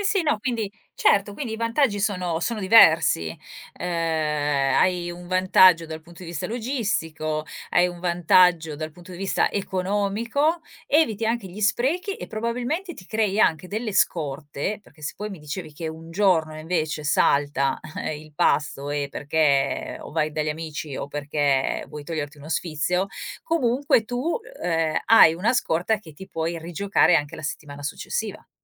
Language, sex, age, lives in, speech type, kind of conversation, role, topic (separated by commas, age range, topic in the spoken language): Italian, female, 45-49, Italy, conversation, podcast, host, Come organizzate i pasti durante la settimana?